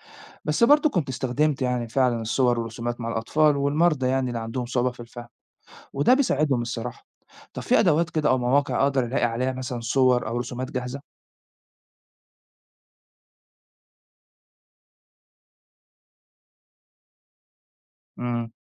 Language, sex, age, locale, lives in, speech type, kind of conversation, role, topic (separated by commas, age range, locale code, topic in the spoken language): Arabic, male, 40-44, ar-EG, Italy, read, expert-assistant, asker, إزاي أطور مهاراتي في التعامل مع المرضى بشكل يخليهم يحسوا بالأمان والراحة؟